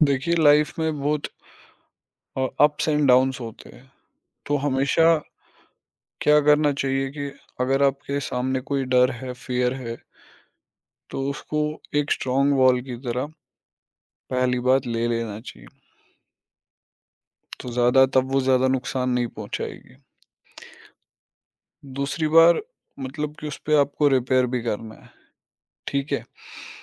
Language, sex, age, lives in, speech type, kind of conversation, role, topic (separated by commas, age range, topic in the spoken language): Hindi, male, 25-29, India, conversation, podcast, guest, Kab tumne apne darr ka saamna kiya?